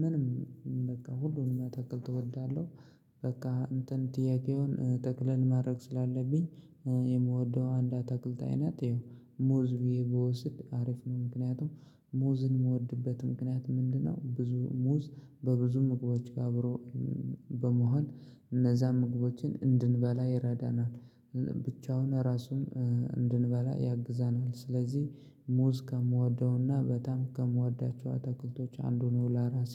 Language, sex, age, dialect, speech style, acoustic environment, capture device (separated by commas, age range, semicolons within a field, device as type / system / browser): Amharic, male, 25-34, Ethiopia - Gojjam, focused, silence, mobile / Linux / Chrome